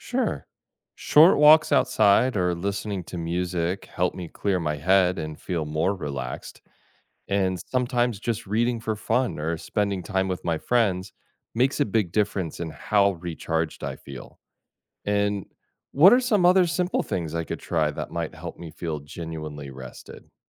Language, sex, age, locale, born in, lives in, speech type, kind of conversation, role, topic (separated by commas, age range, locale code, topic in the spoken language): English, male, 40-44, en-US, United States, United States, read, expert-assistant, asker, How does setting goals help you achieve success?